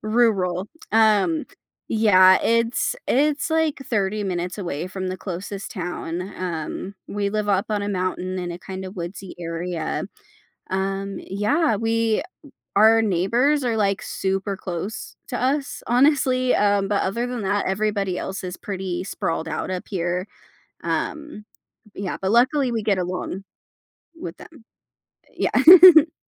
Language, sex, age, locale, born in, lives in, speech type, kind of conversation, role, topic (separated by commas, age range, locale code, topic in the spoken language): English, female, 25-29, en-US, United States, United States, conversation, podcast, guest, What hopes and goals guide your vision for the future?